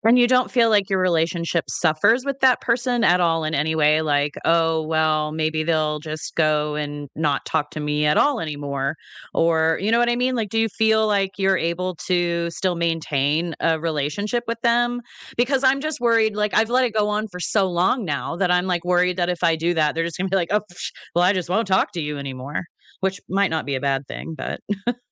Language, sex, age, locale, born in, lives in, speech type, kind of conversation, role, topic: English, female, 40-44, en-US, Canada, United States, conversation, unstructured, none, What is your opinion on gossip within families?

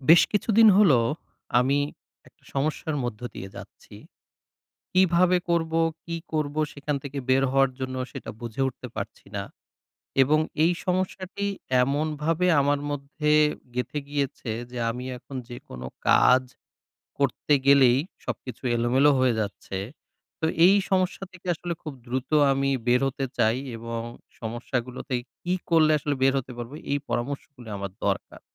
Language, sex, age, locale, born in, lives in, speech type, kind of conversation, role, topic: Bengali, male, 30-34, bn-BD, Bangladesh, Bangladesh, conversation, advice, user, বিঘ্নমুক্তভাবে ফোকাস বজায় রেখে নির্দিষ্ট কাজের জন্য সময় কীভাবে ভাগ করে নেব?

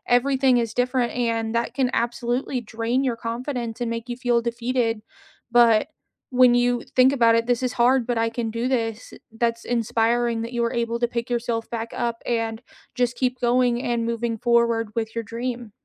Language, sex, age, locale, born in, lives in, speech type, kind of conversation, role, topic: English, female, 30-34, en-US, United States, United States, conversation, unstructured, none, What is the best piece of advice you have actually put into practice?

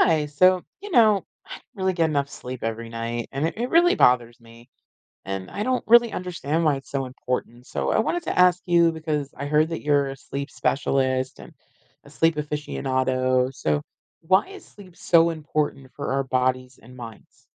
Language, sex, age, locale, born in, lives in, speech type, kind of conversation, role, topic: English, female, 45-49, en-US, United States, United States, read, expert-assistant, asker, Why do humans need to sleep?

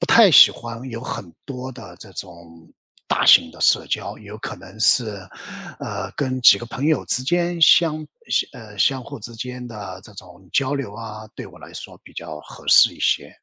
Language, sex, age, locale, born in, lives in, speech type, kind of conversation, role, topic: Chinese, male, 55-59, zh-CN, China, United States, conversation, advice, user, 即将退休后，我该如何充实自己的时间？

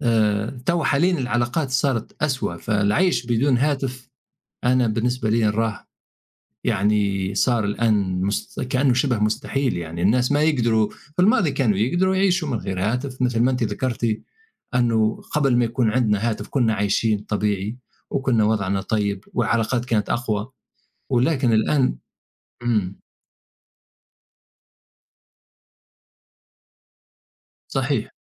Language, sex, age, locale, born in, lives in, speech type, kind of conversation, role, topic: Arabic, male, 50-54, ar-TN, Tunisia, United States, conversation, unstructured, none, هل جرّبت تعيش من غير موبايل فترة؟ كانت التجربة عاملة إزاي؟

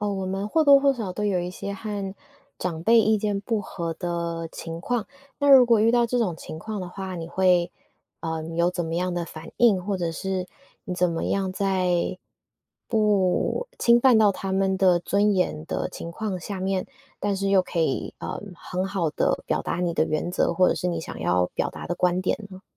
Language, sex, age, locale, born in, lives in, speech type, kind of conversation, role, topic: Chinese, female, 25-29, zh-TW, Taiwan, United States, conversation, podcast, host, 当你和长辈意见不合时，怎么才能既尊重对方又坚持自己的界限？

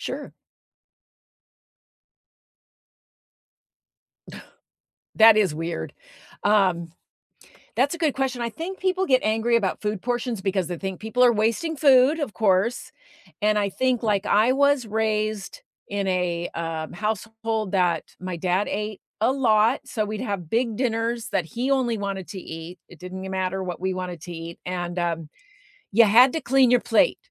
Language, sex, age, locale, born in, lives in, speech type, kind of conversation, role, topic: English, female, 60-64, en-US, United States, United States, conversation, unstructured, none, Why do some people get angry about food portions?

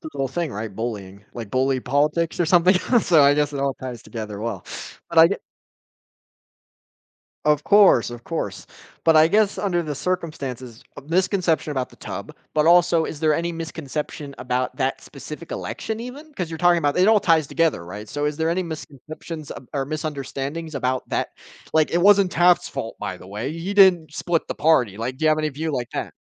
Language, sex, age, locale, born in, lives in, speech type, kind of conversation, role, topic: English, male, 20-24, en-US, United States, United States, conversation, podcast, host, Why do so many people believe myths about major moments in history?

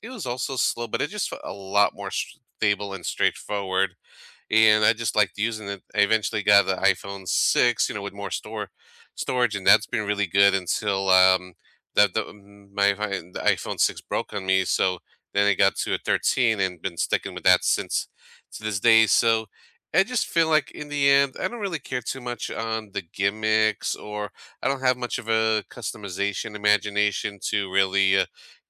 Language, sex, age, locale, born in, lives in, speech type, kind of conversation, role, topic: English, male, 35-39, en-US, United States, United States, conversation, unstructured, none, What made you switch between iPhone and Android, and how did it go?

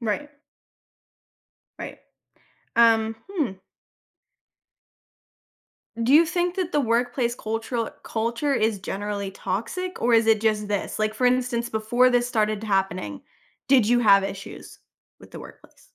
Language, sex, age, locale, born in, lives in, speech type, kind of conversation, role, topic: English, female, 25-29, en-US, United States, United States, conversation, advice, advisor, How can I communicate my needs to feel more appreciated at work?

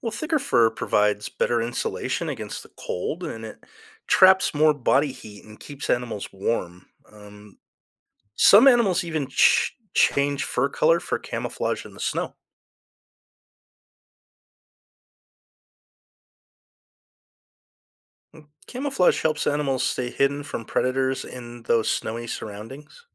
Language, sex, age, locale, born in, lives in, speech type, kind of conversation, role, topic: English, male, 50-54, en-US, United States, United States, read, expert-assistant, expert, How do animals adapt their behaviors to changing seasons?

